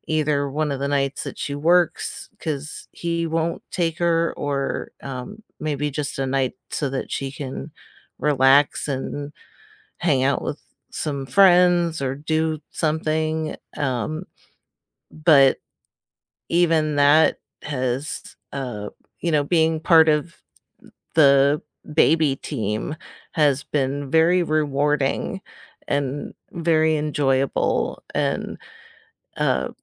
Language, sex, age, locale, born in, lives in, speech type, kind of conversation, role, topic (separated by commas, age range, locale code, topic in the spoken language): English, female, 40-44, en-US, United States, United States, conversation, unstructured, none, What is the best part of working in a team?